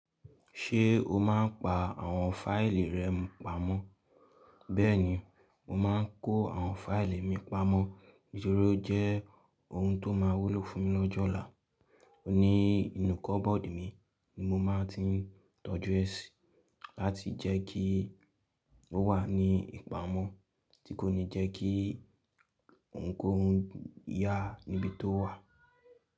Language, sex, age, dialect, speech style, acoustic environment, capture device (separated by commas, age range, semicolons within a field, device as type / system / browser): Yoruba, male, 18-24, Nigeria - Lagos Yoruba, happy, nature, mobile / Linux / Chrome